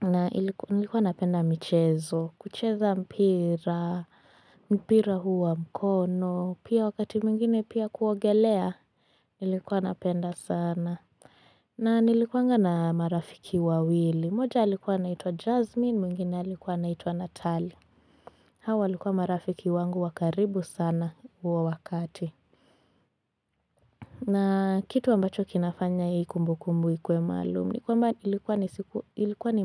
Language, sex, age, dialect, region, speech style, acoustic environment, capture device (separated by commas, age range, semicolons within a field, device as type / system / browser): Swahili, female, 18-24, Kenya - Mombasa, Kenya, relaxed, silence, desktop / Linux / Chrome